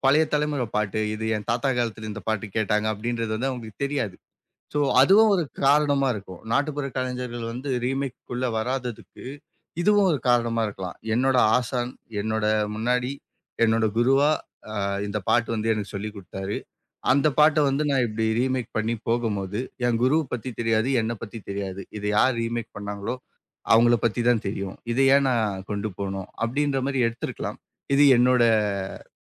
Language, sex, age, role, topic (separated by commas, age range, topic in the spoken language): Tamil, male, 25-29, guest, கிராமம் அல்லது நகரத்தில் வளர்ந்த அனுபவம் உங்கள் இசை ரசனைக்கு எப்படிப் பாதிப்பு ஏற்படுத்தியது?